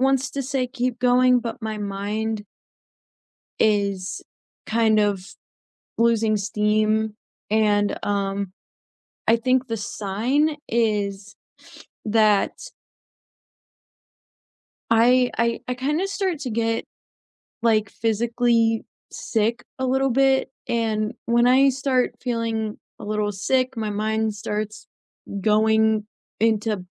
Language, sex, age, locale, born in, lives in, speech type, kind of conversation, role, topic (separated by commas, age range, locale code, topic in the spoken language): English, female, 20-24, en-US, United States, United States, conversation, unstructured, none, How do you deal with days when your body and mind disagree about what you can handle?